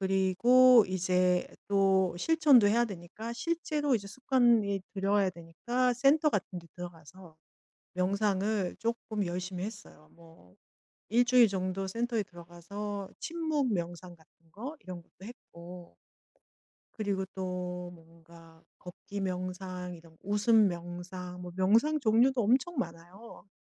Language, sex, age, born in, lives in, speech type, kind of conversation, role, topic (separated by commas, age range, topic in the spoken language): Korean, female, 50-54, South Korea, Germany, conversation, podcast, guest, 야외에서 하는 마음챙김을 꾸준히 이어가는 비결은 무엇인가요?